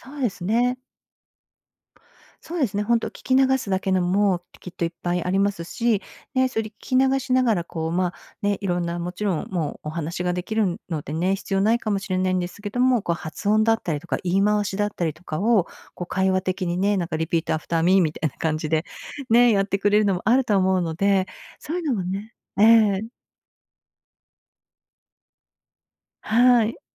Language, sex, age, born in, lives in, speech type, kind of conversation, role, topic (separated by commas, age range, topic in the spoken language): Japanese, female, 55-59, Japan, United States, conversation, advice, advisor, 日々の忙しさの中で、趣味の時間をどうやって作れますか？